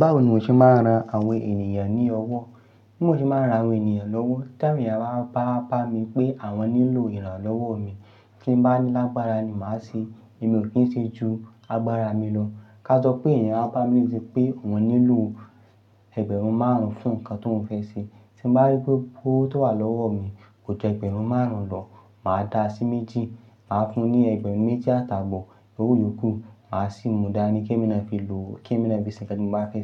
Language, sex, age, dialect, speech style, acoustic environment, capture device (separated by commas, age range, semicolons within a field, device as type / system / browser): Yoruba, male, 25-34, Nigeria - Ibadan, excited, nature, mobile / Linux / Chrome